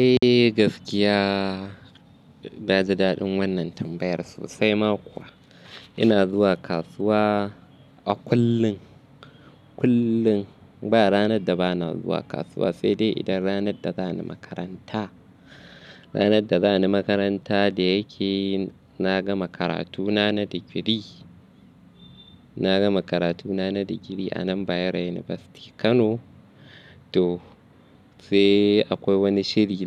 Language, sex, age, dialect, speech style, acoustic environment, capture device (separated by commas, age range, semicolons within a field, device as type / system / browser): Hausa, male, 25-34, Nigeria - Kano, anxious, nature, mobile / Linux / Chrome